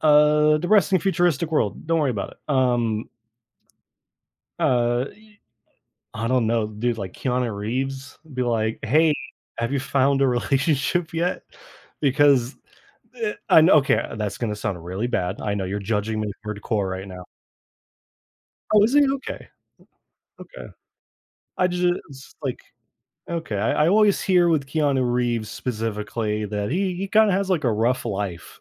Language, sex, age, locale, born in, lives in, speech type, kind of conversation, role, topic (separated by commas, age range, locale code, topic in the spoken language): English, male, 25-29, en-US, United States, United States, conversation, unstructured, none, Which film character would you invite to dinner, and what would you ask them?